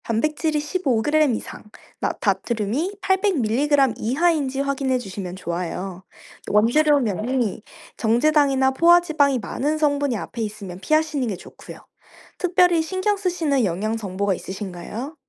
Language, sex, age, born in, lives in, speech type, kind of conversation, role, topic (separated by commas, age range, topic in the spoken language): Korean, female, 25-29, South Korea, France, read, expert-assistant, expert, 바쁜 일상 속에서도 영양을 잘 챙길 수 있는 새로운 아이디어가 있다면 무엇이 있을까요?